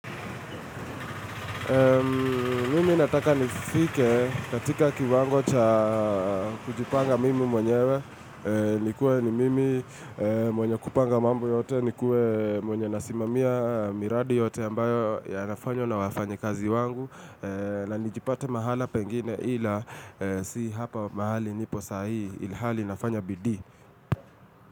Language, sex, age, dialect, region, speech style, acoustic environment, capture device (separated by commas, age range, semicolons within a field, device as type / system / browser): Swahili, male, 25-34, Kenya - Nairobi Sheng-influenced, Kenya, relaxed, traffic, mobile / Linux / Chrome